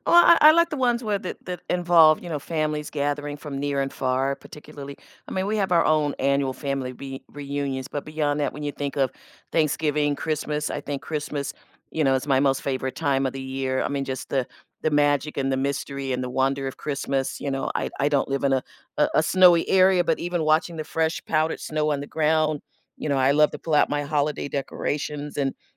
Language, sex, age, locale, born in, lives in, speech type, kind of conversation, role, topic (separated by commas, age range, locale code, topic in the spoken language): English, female, 60-64, en-US, United States, United States, conversation, podcast, guest, How do shared meals and drinks shape our most meaningful celebrations?